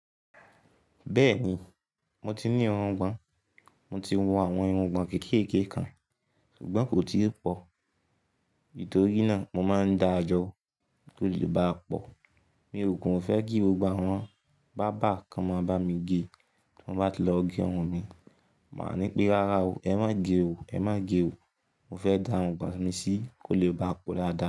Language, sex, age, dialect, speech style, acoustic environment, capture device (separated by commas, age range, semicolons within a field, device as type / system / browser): Yoruba, male, 18-24, Nigeria - Ibadan, focused; relaxed, silence, mobile / Linux / Chrome